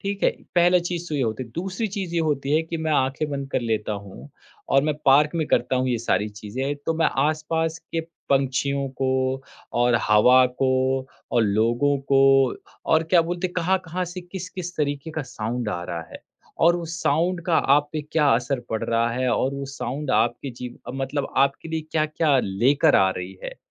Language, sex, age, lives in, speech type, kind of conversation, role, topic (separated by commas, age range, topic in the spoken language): Hindi, male, 30-34, India, conversation, podcast, guest, Tum exercise aur meditation ke beech balance kaise banate ho?